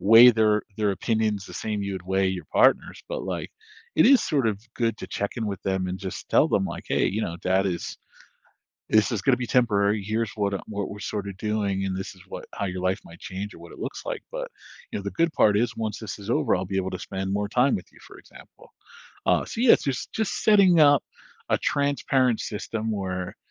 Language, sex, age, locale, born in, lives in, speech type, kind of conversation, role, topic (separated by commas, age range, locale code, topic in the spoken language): English, male, 40-44, en-US, United States, United States, conversation, advice, advisor, How can I balance work and family time effectively?